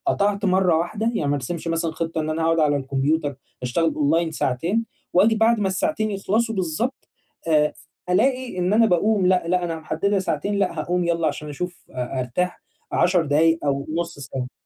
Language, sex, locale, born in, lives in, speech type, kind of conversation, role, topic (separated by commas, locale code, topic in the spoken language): Arabic, male, ar-EG, Egypt, Egypt, conversation, advice, advisor, إزاي أوازن بين فترات التركيز والراحة من غير ما أحس بإرهاق؟